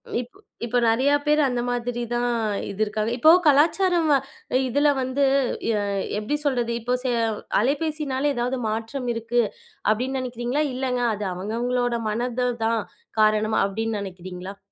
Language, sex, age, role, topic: Tamil, female, 25-29, host, தொலைபேசியைப் பயன்படுத்தும் நேரத்தை குறைத்து உறவுகளை மேம்படுத்த எப்படிச் செய்யலாம்?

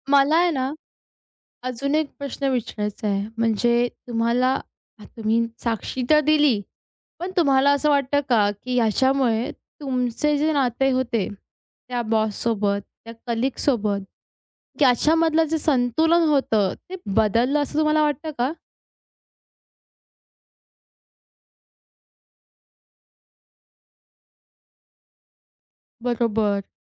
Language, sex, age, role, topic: Marathi, female, 20-24, host, खरं बोलताना नम्रता आणि ठामपणा कसे सांभाळता?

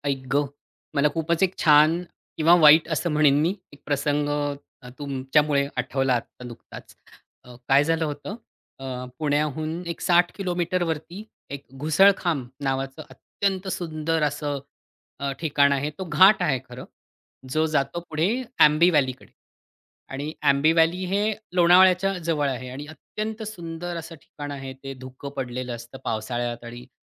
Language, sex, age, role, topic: Marathi, male, 40-44, guest, कधी तू सर्वात जास्त धैर्य दाखवलास?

